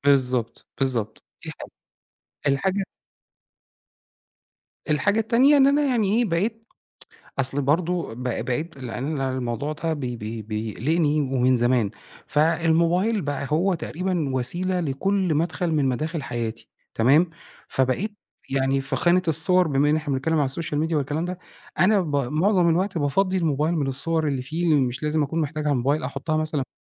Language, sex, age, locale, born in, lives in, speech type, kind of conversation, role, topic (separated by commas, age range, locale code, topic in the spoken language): Arabic, male, 40-44, ar-EG, Egypt, Egypt, conversation, podcast, guest, إزاي تحافظ على خصوصيتك الرقمية ببساطة؟